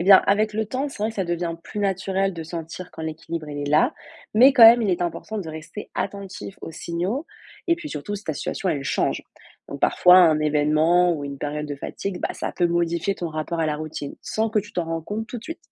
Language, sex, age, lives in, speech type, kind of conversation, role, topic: French, female, 20-24, France, read, expert-assistant, expert, Est-ce utile ou risqué de jouer sur nos habitudes pour nous aider à économiser?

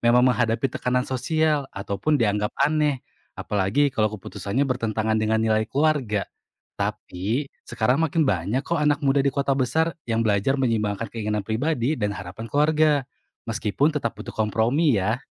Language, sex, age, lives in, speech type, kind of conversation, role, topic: Indonesian, male, 25-29, Indonesia, read, expert-assistant, expert, Bagaimana budaya di Indonesia mempengaruhi cara seseorang menetapkan dan mengejar tujuan pribadi mereka?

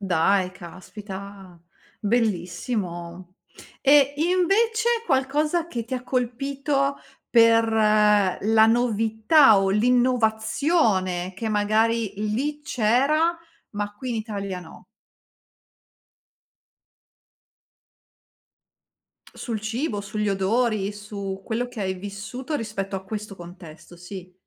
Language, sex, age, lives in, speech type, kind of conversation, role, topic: Italian, female, 40-44, Italy, conversation, podcast, host, Hai un ricordo di viaggio legato a un odore o a un sapore?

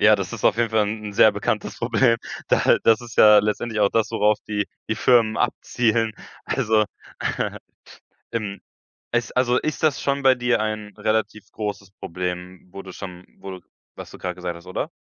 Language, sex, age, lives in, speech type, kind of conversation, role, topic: German, male, 18-19, Germany, conversation, advice, advisor, Wie bringt dich die Angst, etwas zu verpassen, dazu, unnötige Dinge zu kaufen?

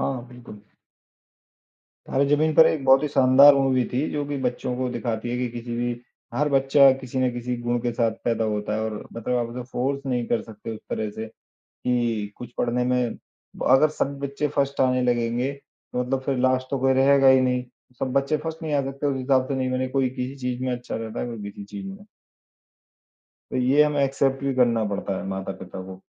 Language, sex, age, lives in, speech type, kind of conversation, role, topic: Hindi, male, 35-39, India, conversation, unstructured, none, कौन-सा फिल्मी किरदार आपको सबसे ज़्यादा प्रभावित करता है?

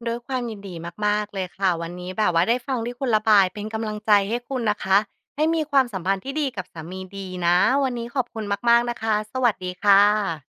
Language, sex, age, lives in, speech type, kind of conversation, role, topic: Thai, female, 35-39, Thailand, conversation, advice, advisor, คุณจะหาสมดุลและเวลาสำหรับตัวเองนอกเหนือจากการเลี้ยงลูกได้อย่างไร?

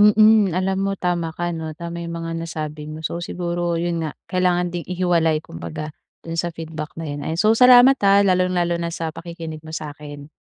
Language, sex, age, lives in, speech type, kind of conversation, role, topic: Filipino, female, 25-29, Philippines, conversation, advice, user, Paano ako tatanggap ng puna nang hindi ito ginagawang personal?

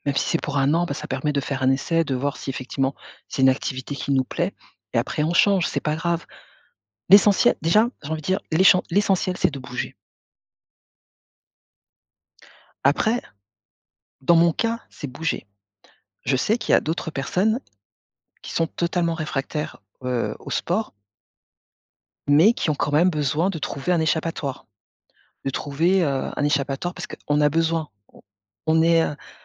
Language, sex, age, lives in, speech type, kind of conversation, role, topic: French, female, 45-49, France, conversation, podcast, guest, Quel impact ce hobby a-t-il sur ton moral ou ton stress ?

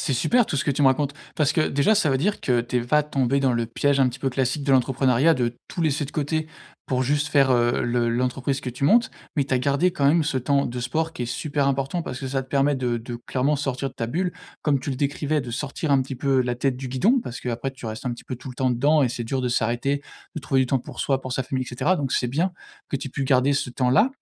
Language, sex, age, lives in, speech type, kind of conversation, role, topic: French, male, 25-29, France, conversation, advice, advisor, Comment gérer la culpabilité de négliger ma famille et ma santé au profit de ma startup ?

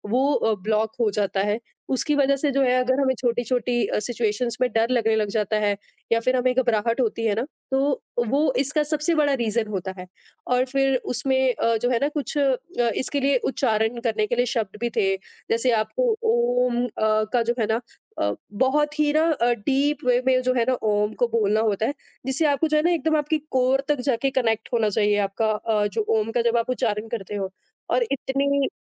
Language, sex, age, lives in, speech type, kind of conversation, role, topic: Hindi, female, 25-29, India, conversation, podcast, guest, Kaunse simple saadhan ne aapki sabse zyada madad ki?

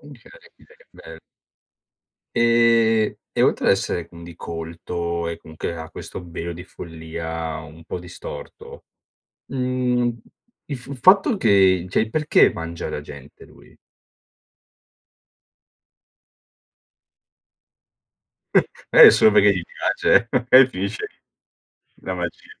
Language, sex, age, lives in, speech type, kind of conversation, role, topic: Italian, male, 30-34, Italy, conversation, podcast, host, Come si costruisce un antagonista interessante e credibile?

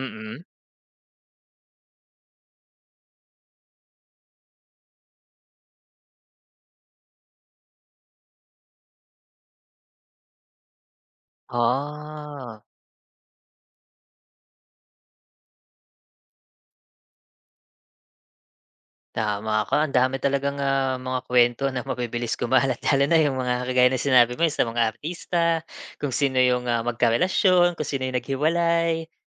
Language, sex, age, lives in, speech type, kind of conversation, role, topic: Filipino, male, 35-39, Philippines, conversation, podcast, host, Bakit sa tingin mo ay mabilis kumakalat ang isang kuwentong nagiging usap-usapan?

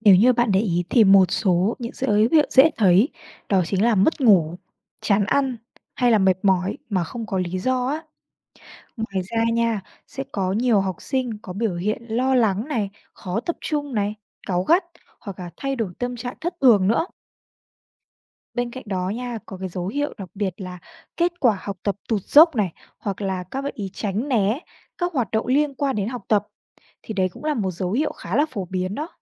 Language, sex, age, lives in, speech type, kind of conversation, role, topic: Vietnamese, female, 20-24, Vietnam, read, expert-assistant, expert, Những dấu hiệu của áp lực học tập và cách khắc phục là gì?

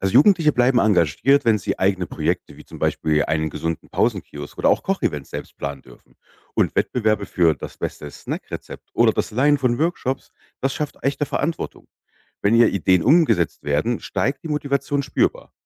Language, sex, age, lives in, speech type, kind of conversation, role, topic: German, male, 35-39, Germany, read, expert-assistant, expert, Wie könnten wir es schaffen, dass gesunde Ernährung für alle leichter zugänglich wird?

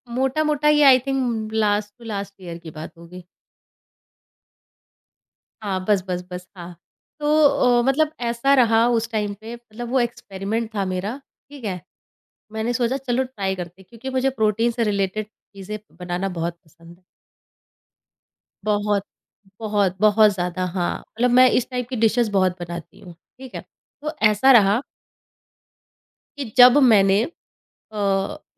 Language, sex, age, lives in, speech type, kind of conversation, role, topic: Hindi, female, 30-34, India, conversation, podcast, guest, Cooking failures se tumne kya best seekha, koi example?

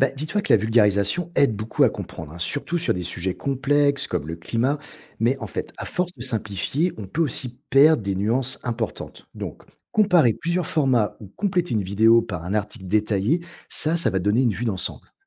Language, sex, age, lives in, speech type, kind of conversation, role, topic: French, male, 45-49, France, read, expert-assistant, expert, Il y a tellement d’avis différents sur le changement climatique. Comment faire le tri et approfondir sans se perdre ?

